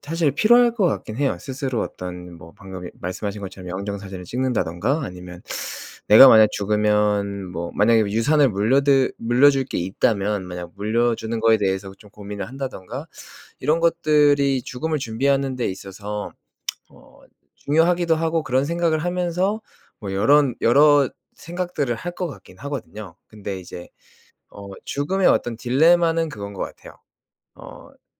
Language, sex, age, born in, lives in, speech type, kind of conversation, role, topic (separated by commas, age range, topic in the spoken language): Korean, male, 30-34, South Korea, South Korea, conversation, unstructured, none, 죽음을 앞두고 우리가 할 수 있는 가장 중요한 준비는 무엇일까요?